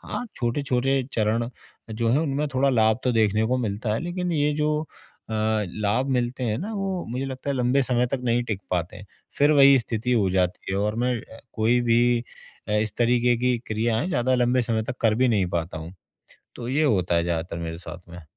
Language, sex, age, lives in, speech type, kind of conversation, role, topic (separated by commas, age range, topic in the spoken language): Hindi, male, 40-44, India, conversation, advice, user, गहरी साँस लेने के अभ्यास से मुझे क्या लाभ हो सकते हैं?